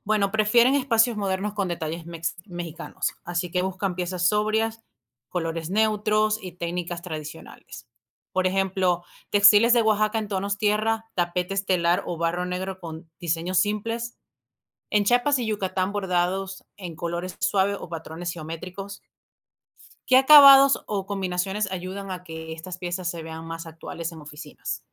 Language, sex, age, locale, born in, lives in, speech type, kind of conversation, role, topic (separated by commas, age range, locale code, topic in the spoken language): Spanish, female, 35-39, es-VE, Venezuela, United States, read, expert-assistant, asker, ¿Qué diferencia existe realmente entre el arte popular y la artesanía? Siempre escucho ambos términos, pero no sé si significan lo mismo o hay matices importantes.